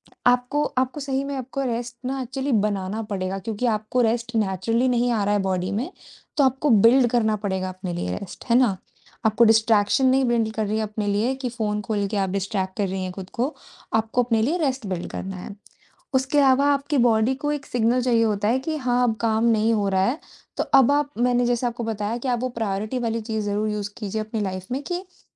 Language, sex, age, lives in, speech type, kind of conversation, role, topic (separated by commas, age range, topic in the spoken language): Hindi, female, 20-24, India, conversation, advice, advisor, काम करते हुए लगातार थकान क्यों रहती है और छुट्टी में भी आराम क्यों नहीं लगता?